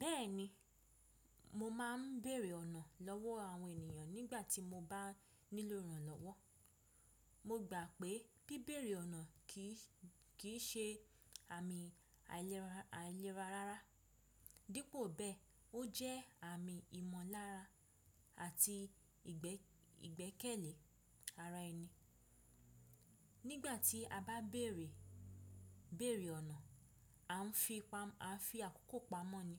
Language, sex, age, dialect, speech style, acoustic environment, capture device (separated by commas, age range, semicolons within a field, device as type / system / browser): Yoruba, female, 25-34, Nigeria - Ibadan, relaxed, silence, desktop / Windows / Chrome